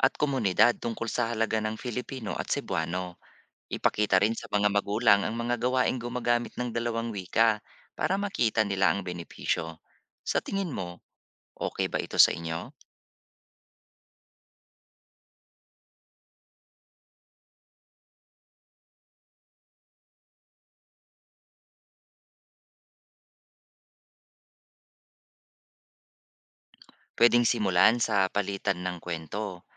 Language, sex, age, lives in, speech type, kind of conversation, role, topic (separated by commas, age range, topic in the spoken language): Filipino, male, 40-44, Philippines, read, expert-assistant, expert, Ano ang mga kalamangan at kahinaan ng pagpapanatili ng mga rehiyonal na wika kumpara sa pagtutok sa Filipino bilang pambansang wika?